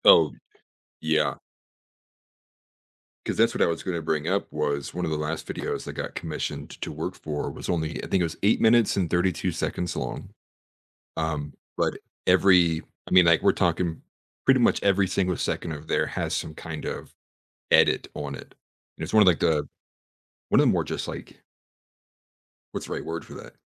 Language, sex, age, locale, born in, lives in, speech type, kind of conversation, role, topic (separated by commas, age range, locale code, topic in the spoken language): English, male, 25-29, en-US, United States, United States, conversation, podcast, guest, What helps people succeed when learning a new hobby?